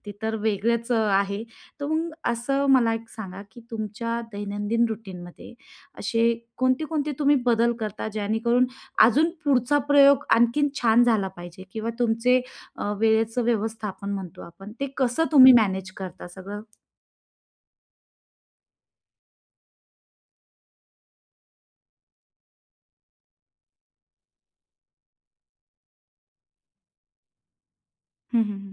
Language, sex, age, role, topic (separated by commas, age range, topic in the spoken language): Marathi, female, 30-34, host, सर्जनशील कामात इतरांसोबत एकत्र काम करताना तुमची काम करण्याची प्रक्रिया कशी बदलते?